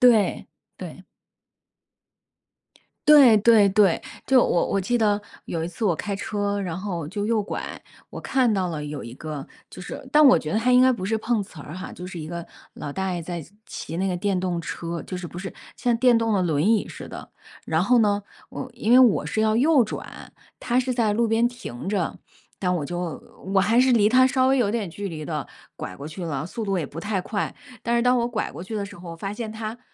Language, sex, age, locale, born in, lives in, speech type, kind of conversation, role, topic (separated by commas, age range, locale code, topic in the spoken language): Chinese, female, 40-44, zh-CN, China, United States, conversation, unstructured, none, 你觉得帮助别人重要吗？